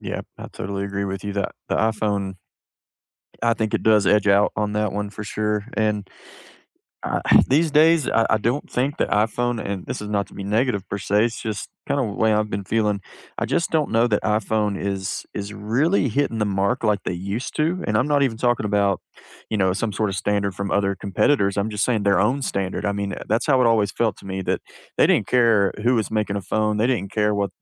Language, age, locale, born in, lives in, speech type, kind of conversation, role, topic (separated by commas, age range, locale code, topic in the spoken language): English, 30-34, en-US, United States, United States, conversation, unstructured, none, What surprised you most when you switched from iOS to Android, and how did it change your everyday life?